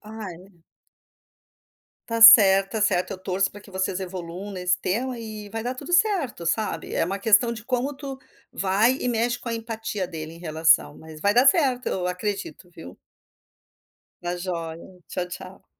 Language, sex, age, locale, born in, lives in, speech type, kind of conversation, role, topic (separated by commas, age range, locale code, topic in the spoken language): Portuguese, female, 55-59, pt-BR, Brazil, United States, conversation, advice, advisor, Como posso lidar com brigas recorrentes com meu cônjuge sobre a divisão das tarefas domésticas?